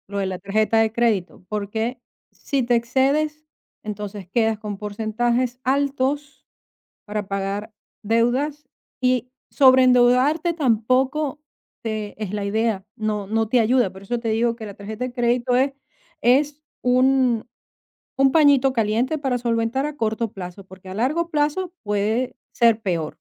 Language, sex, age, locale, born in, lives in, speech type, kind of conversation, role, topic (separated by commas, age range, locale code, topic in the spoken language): Spanish, female, 50-54, es-VE, Venezuela, Portugal, conversation, advice, advisor, ¿Cómo puedo ajustar mis gastos después de perder mi trabajo?